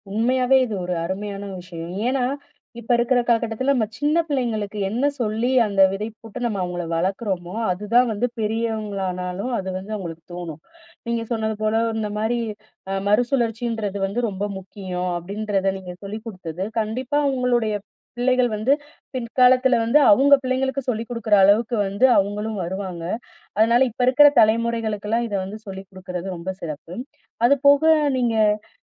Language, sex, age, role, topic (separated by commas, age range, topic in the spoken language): Tamil, female, 35-39, host, சுற்றுச்சூழலைப் பாதுகாக்க வீட்டில் எளிதாக செய்யக்கூடிய செயல்கள் என்னென்ன?